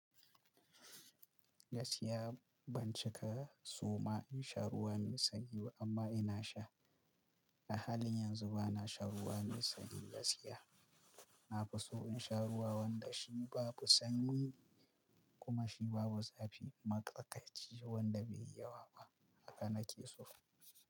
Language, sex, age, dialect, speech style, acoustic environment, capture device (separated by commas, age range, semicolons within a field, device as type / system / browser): Hausa, male, 25-34, Nigeria - Kano, focused, silence, mobile / Linux / Chrome